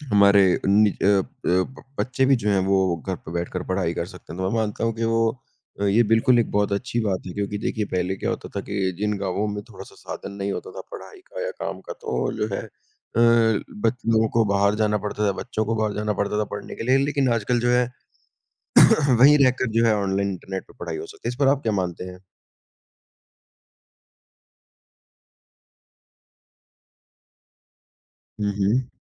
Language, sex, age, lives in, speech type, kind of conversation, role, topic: Hindi, male, 20-24, India, conversation, unstructured, none, तकनीक ने आपके जीवन में क्या बदलाव लाए हैं?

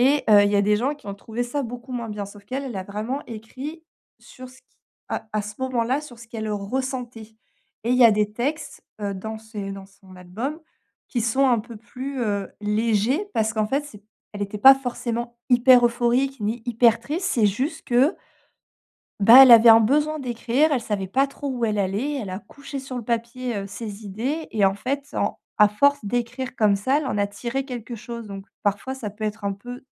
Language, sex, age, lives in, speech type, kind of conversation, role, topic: French, female, 35-39, France, conversation, advice, advisor, Comment puis-je débloquer ma créativité et mieux exprimer mes émotions ?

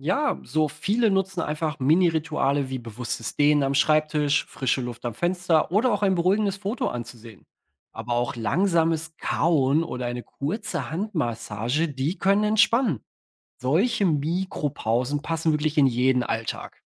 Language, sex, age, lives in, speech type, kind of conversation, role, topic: German, male, 30-34, Germany, read, expert-assistant, expert, Welche einfachen Gewohnheiten helfen, mit Stress im Alltag besser umzugehen?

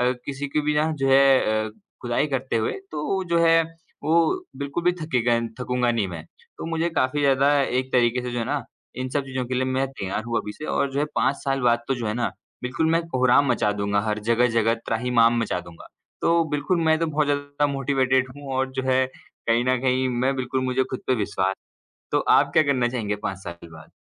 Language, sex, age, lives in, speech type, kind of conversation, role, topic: Hindi, male, 20-24, India, conversation, unstructured, none, आप खुद को पाँच साल बाद कहाँ देखते हैं?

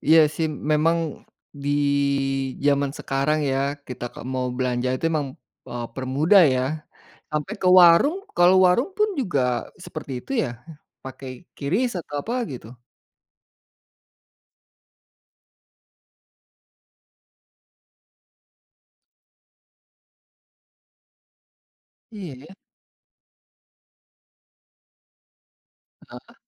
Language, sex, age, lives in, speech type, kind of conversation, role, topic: Indonesian, male, 40-44, Indonesia, conversation, podcast, host, Bagaimana pengalamanmu menggunakan dompet digital dalam keseharian?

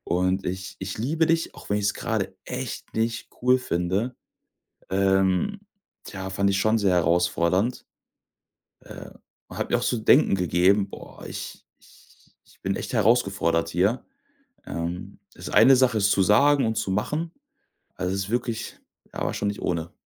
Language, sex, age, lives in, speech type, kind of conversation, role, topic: German, male, 25-29, Germany, conversation, podcast, guest, Welche Solo-Reise hat dich wirklich verändert?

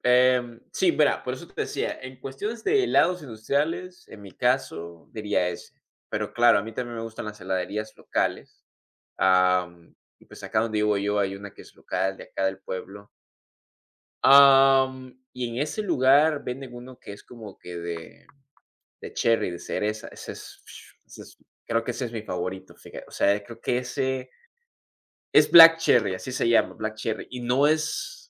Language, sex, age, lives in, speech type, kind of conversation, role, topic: Spanish, male, 20-24, United States, conversation, unstructured, none, ¿Qué sabor de helado te trae recuerdos felices?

